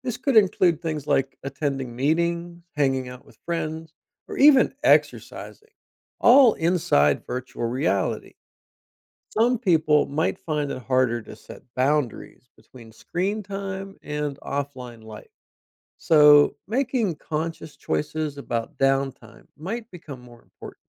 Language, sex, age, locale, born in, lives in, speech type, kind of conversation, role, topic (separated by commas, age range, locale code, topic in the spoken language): English, male, 50-54, en-US, United States, United States, read, expert-assistant, expert, What would happen if everyone had a VR headset?